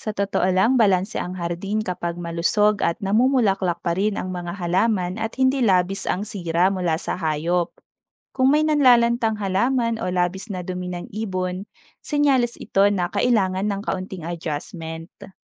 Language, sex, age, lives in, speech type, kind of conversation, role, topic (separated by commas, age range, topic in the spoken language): Filipino, female, 30-34, Philippines, read, expert-assistant, expert, Paano makahihikayat ng mas maraming ibon at bubuyog sa maliit na hardin?